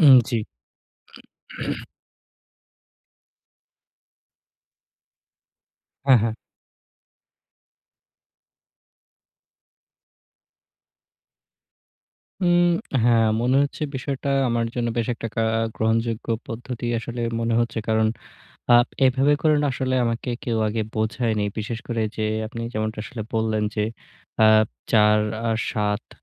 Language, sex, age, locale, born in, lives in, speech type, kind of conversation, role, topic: Bengali, male, 20-24, bn-BD, Bangladesh, Bangladesh, conversation, advice, user, শেয়ার করা জায়গায় দৃশ্যমান ও অদৃশ্য বিঘ্ন থাকলেও আমি কীভাবে মনোযোগ ধরে রাখতে পারি?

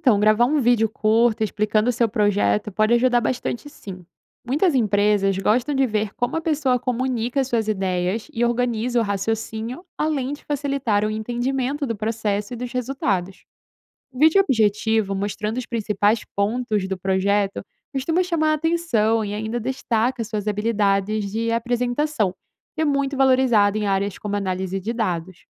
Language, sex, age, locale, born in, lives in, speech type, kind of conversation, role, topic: Portuguese, female, 20-24, pt-BR, Brazil, Italy, read, expert-assistant, expert, Como usar plataformas digitais para aprender novas habilidades e montar um portfólio?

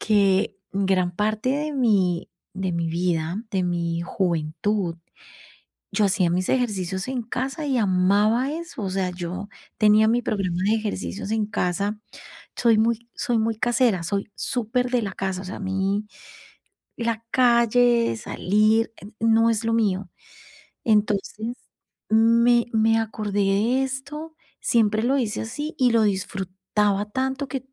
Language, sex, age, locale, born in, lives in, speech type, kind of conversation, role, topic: Spanish, female, 45-49, es-CO, Colombia, Italy, conversation, advice, user, ¿Qué dificultades tienen para incorporar hábitos saludables en familia cuando cada quien tiene horarios distintos?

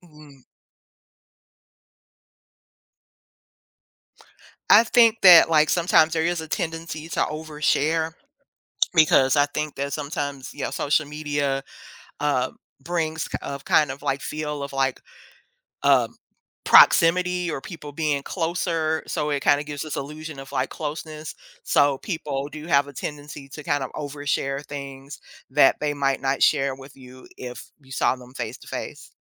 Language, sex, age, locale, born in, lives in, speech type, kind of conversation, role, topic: English, female, 50-54, en-US, United States, United States, conversation, podcast, guest, How has social media influenced the way we communicate and connect with others?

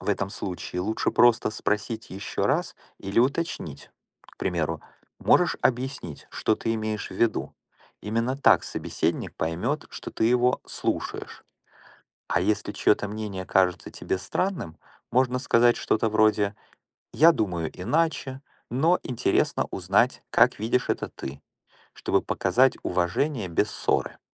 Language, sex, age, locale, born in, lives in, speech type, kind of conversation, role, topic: Russian, male, 45-49, ru-RU, Ukraine, United States, read, expert-assistant, expert, Почему важно уважать мнение других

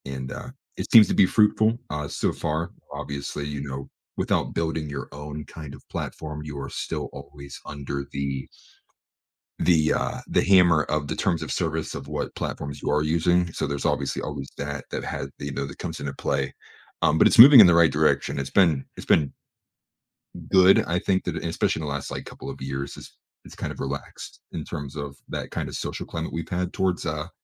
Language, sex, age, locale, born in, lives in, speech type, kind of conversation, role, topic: English, male, 25-29, en-US, United States, United States, conversation, advice, user, How can I express my feelings more openly?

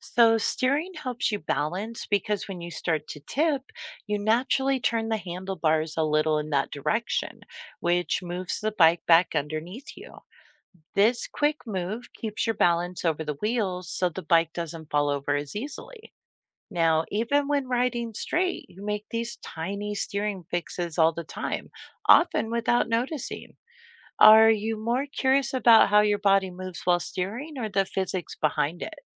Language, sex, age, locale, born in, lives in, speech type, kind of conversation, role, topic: English, female, 45-49, en-US, United States, United States, read, expert-assistant, expert, How do pedals make a bicycle move forward?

